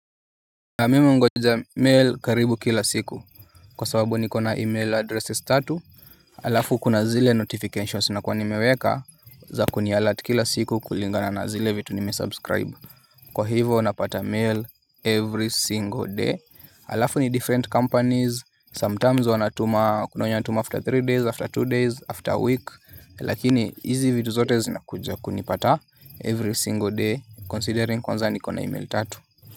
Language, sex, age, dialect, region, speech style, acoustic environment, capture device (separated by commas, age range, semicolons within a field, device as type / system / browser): Swahili, male, 25-34, Kenya - Nairobi Sheng-influenced, Kenya, relaxed, nature, mobile / Linux / Chrome